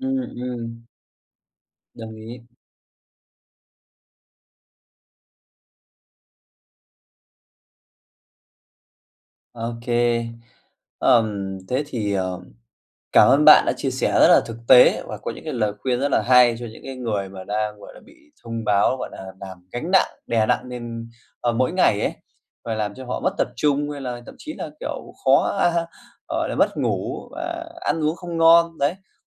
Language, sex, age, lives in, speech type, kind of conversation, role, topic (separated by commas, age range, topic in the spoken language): Vietnamese, male, 25-29, Vietnam, conversation, podcast, host, Bạn áp dụng những mẹo nào để giảm bớt các thông báo gây phiền phức?